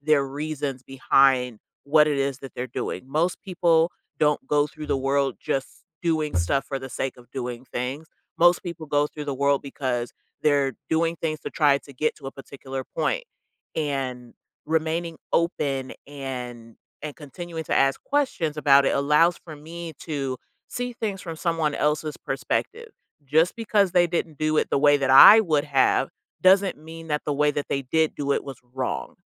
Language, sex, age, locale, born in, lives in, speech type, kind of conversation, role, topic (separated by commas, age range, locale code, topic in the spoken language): English, female, 30-34, en-US, United States, United States, conversation, podcast, guest, What helps you connect better with people who have different communication preferences?